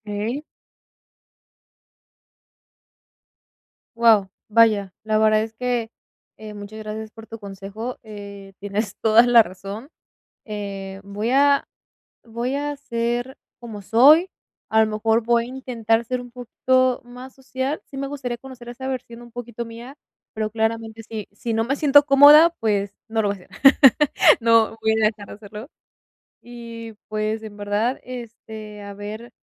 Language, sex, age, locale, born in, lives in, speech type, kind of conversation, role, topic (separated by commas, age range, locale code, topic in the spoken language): Spanish, female, 20-24, es-MX, Mexico, Mexico, conversation, advice, user, ¿Cómo puedo manejar la ansiedad cuando enfrento lo desconocido?